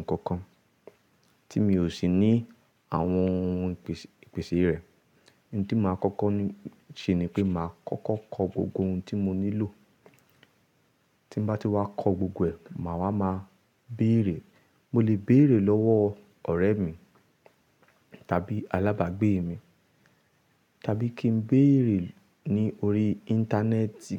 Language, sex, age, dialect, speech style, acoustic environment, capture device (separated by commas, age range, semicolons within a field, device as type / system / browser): Yoruba, male, 25-34, Nigeria - Ibadan, happy, people talking, mobile / Linux / Chrome